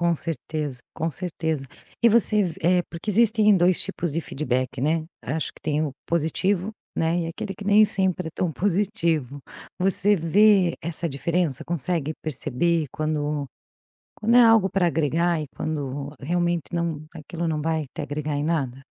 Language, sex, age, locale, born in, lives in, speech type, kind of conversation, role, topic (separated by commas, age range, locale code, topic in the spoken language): Portuguese, female, 45-49, pt-BR, Brazil, Portugal, conversation, podcast, host, Como você incorpora feedback sem perder sua visão?